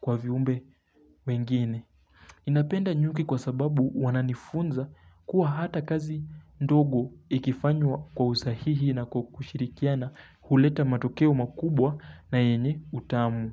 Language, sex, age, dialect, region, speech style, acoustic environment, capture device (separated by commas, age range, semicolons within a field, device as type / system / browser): Swahili, male, 18-24, Kenya - Mombasa, Kenya, relaxed, silence, mobile / Linux / Chrome